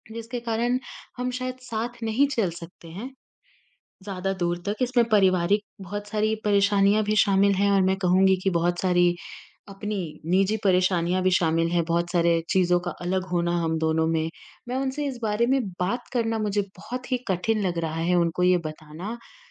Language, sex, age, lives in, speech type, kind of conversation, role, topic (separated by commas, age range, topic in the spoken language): Hindi, female, 40-44, India, conversation, advice, user, किसी से रिश्ता खत्म करने की कठिन बातचीत कैसे करें?